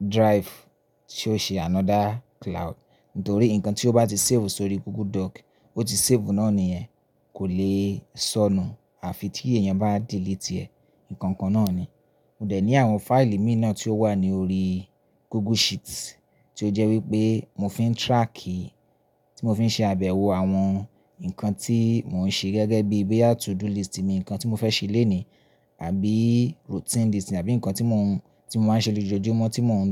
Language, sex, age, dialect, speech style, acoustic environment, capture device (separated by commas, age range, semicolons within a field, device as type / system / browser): Yoruba, male, 25-34, Nigeria - Ibadan, relaxed; focused, silence, mobile / Linux / Chrome